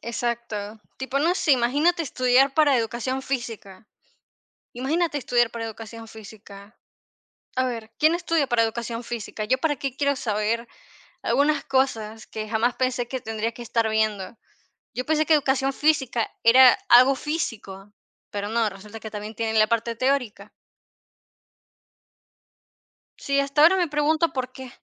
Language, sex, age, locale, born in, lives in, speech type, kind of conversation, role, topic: Spanish, female, 50-54, es-VE, Venezuela, Portugal, conversation, unstructured, none, ¿Prefieres estudiar solo o en grupo, y por qué?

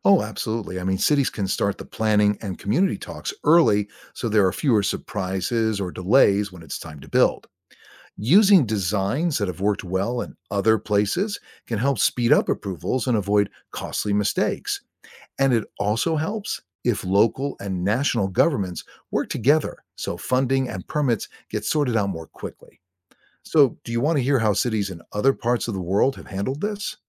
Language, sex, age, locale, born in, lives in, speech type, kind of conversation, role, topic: English, male, 60-64, en-US, United States, United States, read, expert-assistant, expert, How does public transportation benefit cities?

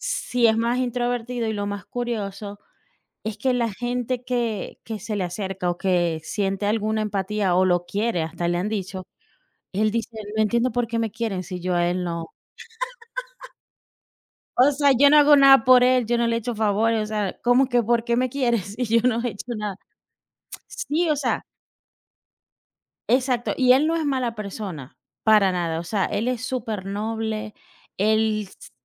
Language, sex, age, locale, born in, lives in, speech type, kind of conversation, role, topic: Spanish, female, 40-44, es-VE, Venezuela, United States, conversation, unstructured, none, ¿Qué es lo que más valoras en una amistad verdadera?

